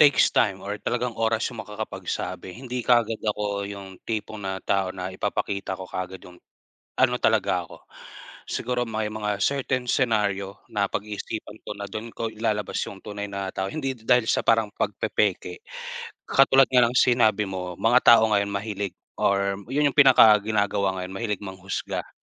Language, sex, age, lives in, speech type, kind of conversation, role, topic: Filipino, male, 30-34, Philippines, conversation, unstructured, none, Paano mo ipinapakita ang tunay mong sarili sa ibang tao?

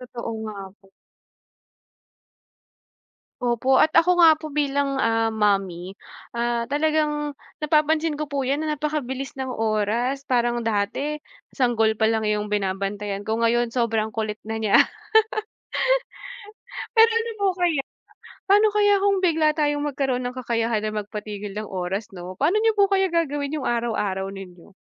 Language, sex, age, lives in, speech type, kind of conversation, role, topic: Filipino, female, 30-34, Philippines, conversation, unstructured, none, Kung bigla kang magkaroon ng kakayahang patigilin ang oras, paano mo ito gagamitin sa araw-araw?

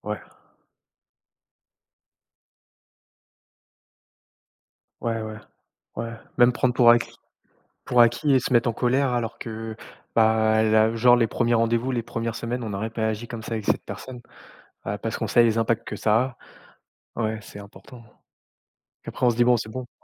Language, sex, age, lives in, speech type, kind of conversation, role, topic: French, male, 30-34, France, conversation, unstructured, none, Qu’est-ce qui te décourage quand tu penses à ton avenir ?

